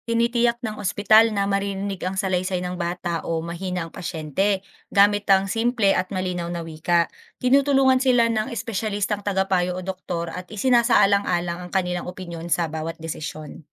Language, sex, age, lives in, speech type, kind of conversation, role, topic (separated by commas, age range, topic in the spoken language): Filipino, female, 25-29, Philippines, read, expert-assistant, expert, Ano ang simple pero epektibong paraan para magtulungan ang doktor, pasyente, at pamilya sa pagpaplano ng gamutan?